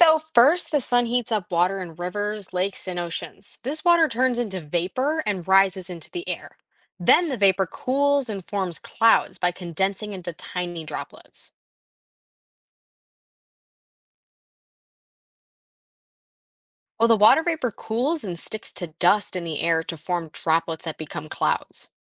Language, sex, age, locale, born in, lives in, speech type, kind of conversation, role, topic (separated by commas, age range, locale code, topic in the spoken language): English, female, 18-19, en-US, United States, United States, read, expert-assistant, expert, What are the steps involved in the water cycle?